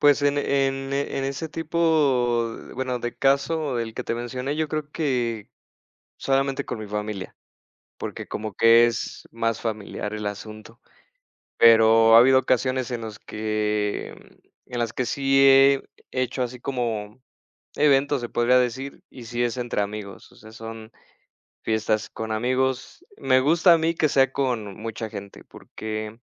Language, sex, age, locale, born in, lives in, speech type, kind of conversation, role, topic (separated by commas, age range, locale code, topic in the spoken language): Spanish, male, 30-34, es-MX, Mexico, Mexico, conversation, unstructured, none, ¿Cómo celebras tus fiestas favoritas?